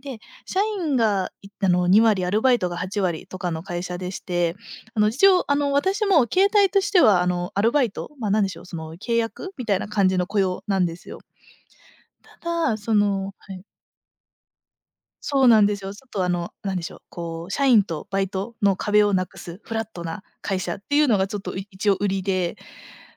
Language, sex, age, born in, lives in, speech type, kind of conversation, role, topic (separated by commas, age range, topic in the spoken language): Japanese, female, 25-29, Japan, Japan, conversation, advice, user, チームの士気が下がっていると感じており、リーダーとしての責任の重さに悩んでいますが、どうすればよいですか？